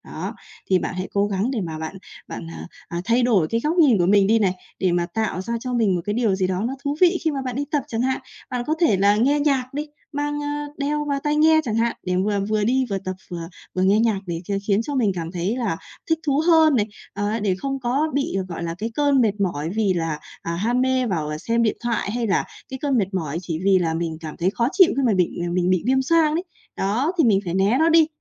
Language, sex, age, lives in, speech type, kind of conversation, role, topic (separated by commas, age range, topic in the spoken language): Vietnamese, female, 30-34, Vietnam, conversation, advice, advisor, Làm thế nào để duy trì động lực tập luyện và không bỏ giữa chừng?